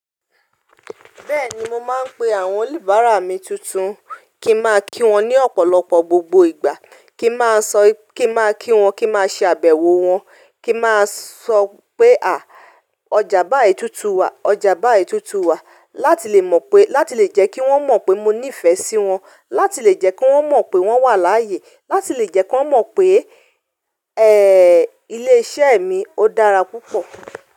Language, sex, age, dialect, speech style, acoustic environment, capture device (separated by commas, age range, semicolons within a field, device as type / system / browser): Yoruba, female, 35-44, Nigeria - Ibadan, focused; relaxed, silence, mobile / Linux / Chrome